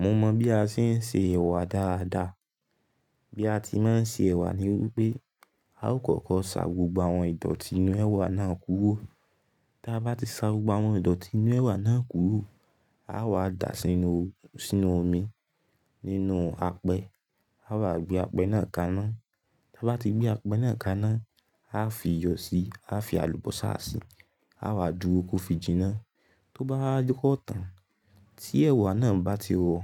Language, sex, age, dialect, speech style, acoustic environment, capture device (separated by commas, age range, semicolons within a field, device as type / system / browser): Yoruba, male, 18-24, Nigeria - Ibadan, focused; relaxed, silence, mobile / Linux / Chrome